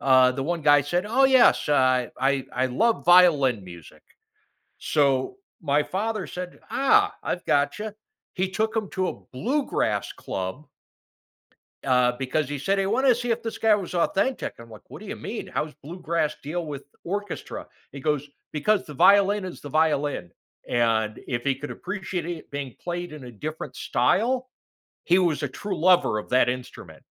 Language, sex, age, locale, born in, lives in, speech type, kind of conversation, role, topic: English, male, 55-59, en-US, United States, United States, conversation, podcast, host, How do cultural celebrations shape your sense of identity and community?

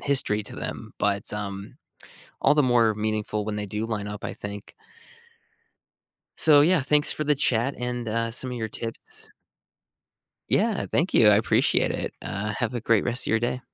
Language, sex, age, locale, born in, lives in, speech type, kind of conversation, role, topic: English, male, 30-34, en-US, United States, United States, conversation, advice, user, How can I show appreciation and strengthen my supportive friendship?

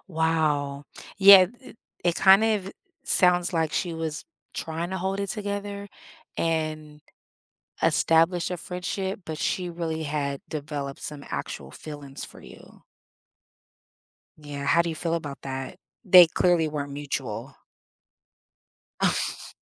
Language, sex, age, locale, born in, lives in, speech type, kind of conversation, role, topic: English, female, 40-44, en-US, United States, United States, conversation, advice, advisor, How can I rebuild trust after an argument?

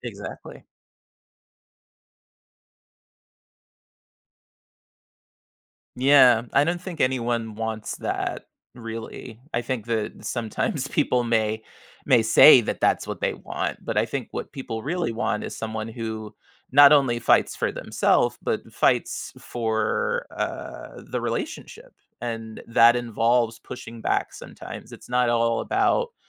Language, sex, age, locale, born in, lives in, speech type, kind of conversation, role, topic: English, male, 35-39, en-US, United States, United States, conversation, advice, advisor, How do I identify personal growth after a challenge?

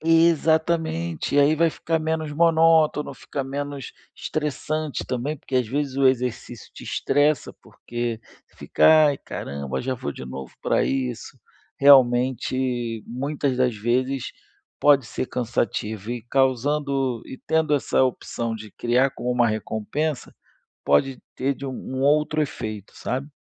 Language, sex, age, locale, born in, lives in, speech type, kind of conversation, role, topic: Portuguese, male, 35-39, pt-BR, Brazil, Spain, conversation, advice, advisor, Como posso equilibrar o trabalho e os hobbies sem prejudicar o meu desempenho profissional?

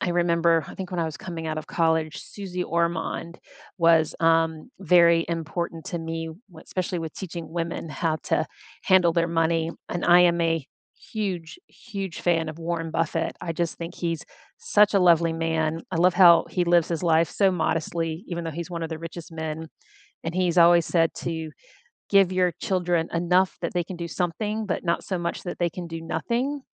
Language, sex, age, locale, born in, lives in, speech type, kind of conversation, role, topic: English, female, 50-54, en-US, United States, United States, conversation, unstructured, none, Who inspires you, what have you learned from them, and how do you balance their influence with forging your own path?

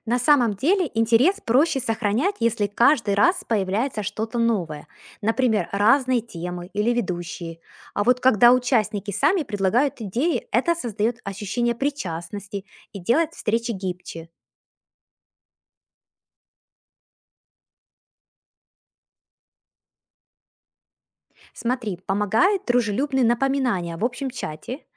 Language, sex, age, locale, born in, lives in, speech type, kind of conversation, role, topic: Russian, female, 40-44, ru-UA, Ukraine, United States, read, expert-assistant, expert, Как сделать двор местом для общения соседей?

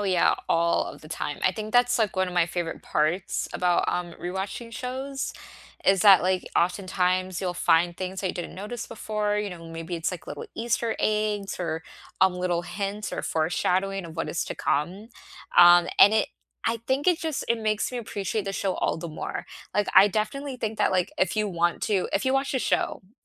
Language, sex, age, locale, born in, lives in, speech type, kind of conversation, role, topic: English, female, 25-29, en-US, United States, United States, conversation, unstructured, none, What comfort shows do you rewatch when you need a pick-me-up?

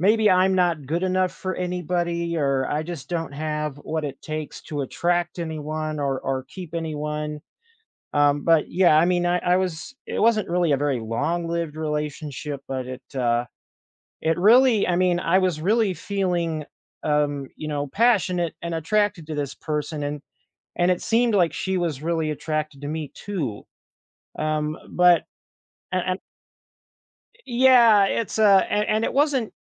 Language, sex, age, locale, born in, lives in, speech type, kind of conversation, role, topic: English, male, 30-34, en-US, United States, United States, conversation, advice, user, How can I cope with loneliness and start rebuilding my social life after a breakup or loss?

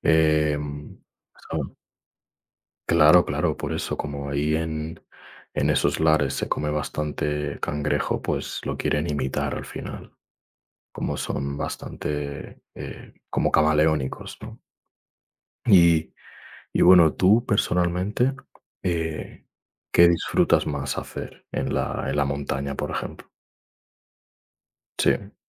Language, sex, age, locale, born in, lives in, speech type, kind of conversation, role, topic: Spanish, male, 20-24, es-ES, Spain, Spain, conversation, unstructured, none, Entre la playa y la montaña, ¿cuál es tu destino ideal para vacacionar?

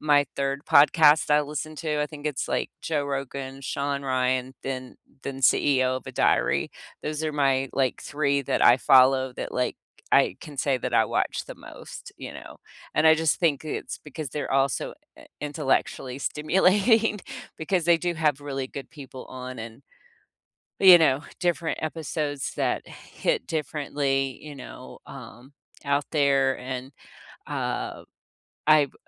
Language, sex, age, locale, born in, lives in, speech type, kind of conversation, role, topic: English, female, 60-64, en-US, United States, United States, conversation, unstructured, none, What songs or podcast episodes have brightened your days lately, and what about them lifts your spirits?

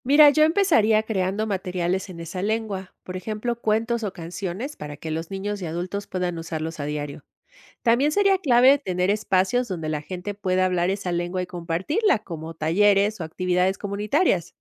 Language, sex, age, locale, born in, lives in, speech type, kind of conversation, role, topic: Spanish, female, 50-54, es-MX, Mexico, Mexico, read, expert-assistant, expert, ¿Qué acciones piensas que son más urgentes para evitar la desaparición de lenguas en peligro: documentarlas, enseñarlas o promover su uso diario?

